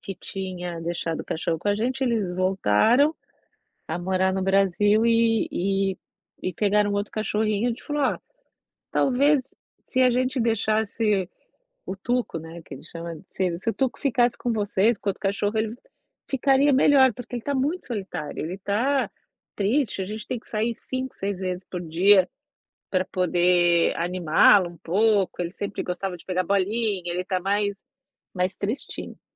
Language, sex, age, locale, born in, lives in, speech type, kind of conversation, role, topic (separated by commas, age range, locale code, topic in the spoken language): Portuguese, female, 45-49, pt-BR, Brazil, United States, conversation, advice, user, Como posso fazer amizades no meu novo bairro?